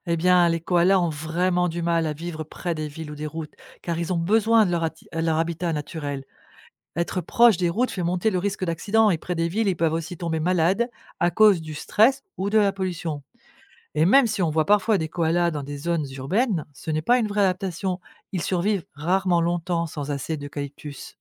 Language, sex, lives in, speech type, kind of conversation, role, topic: French, female, France, read, expert-assistant, expert, Pourquoi les koalas ne mangent-ils que des feuilles d’eucalyptus ?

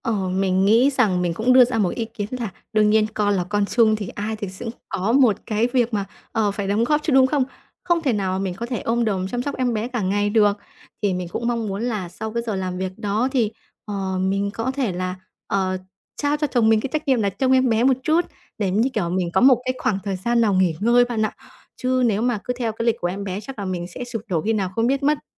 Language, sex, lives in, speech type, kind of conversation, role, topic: Vietnamese, female, Vietnam, conversation, advice, user, Làm sao tôi có thể thích nghi với vai trò mới?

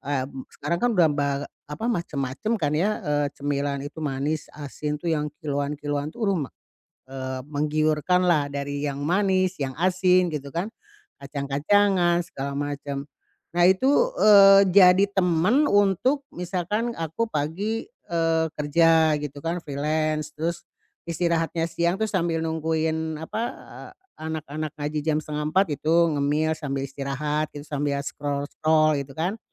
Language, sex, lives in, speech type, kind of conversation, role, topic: Indonesian, female, Indonesia, conversation, advice, user, Bagaimana cara mengendalikan keinginan untuk ngemil terus sepanjang hari?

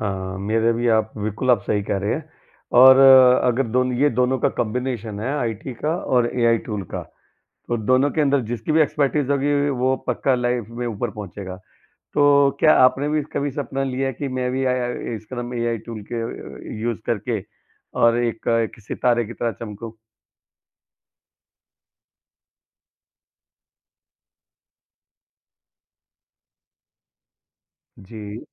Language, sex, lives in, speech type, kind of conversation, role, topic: Hindi, male, India, conversation, podcast, host, Start-up join karna ya secure naukri choose karna—iss baare mein tumhari kya soch hai?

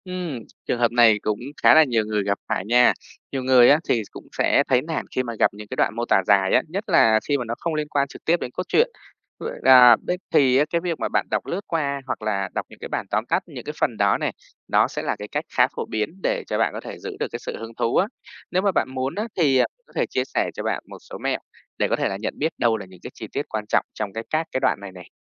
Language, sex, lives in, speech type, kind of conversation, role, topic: Vietnamese, female, Vietnam, read, expert-assistant, expert, Làm sao để đọc truyện kinh điển mà không cảm thấy quá khó hiểu hay nhàm chán?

